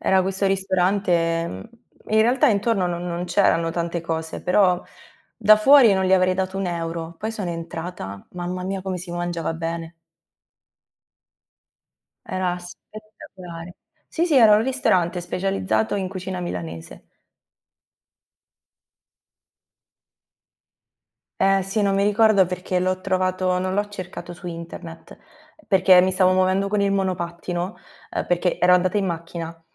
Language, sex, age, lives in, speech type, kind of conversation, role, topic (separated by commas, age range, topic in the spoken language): Italian, female, 25-29, Italy, conversation, unstructured, none, Qual è il ricordo più bello legato al cibo della tua infanzia?